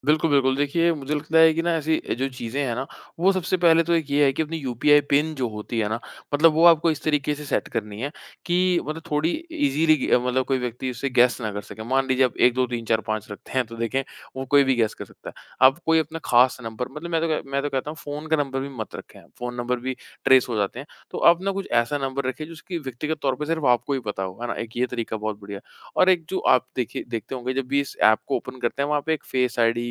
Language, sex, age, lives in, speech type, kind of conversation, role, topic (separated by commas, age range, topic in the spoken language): Hindi, male, 25-29, India, conversation, podcast, guest, डिजिटल भुगतान ने लोगों के पैसे संभालने का तरीका कैसे बदल दिया है?